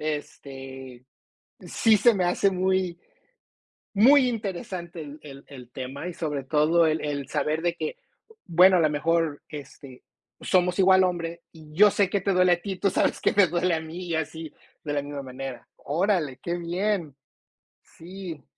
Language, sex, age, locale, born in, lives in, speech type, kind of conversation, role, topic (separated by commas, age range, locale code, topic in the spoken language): Spanish, male, 45-49, es-MX, United States, United States, conversation, unstructured, none, ¿Qué importancia tiene la confianza en una relación?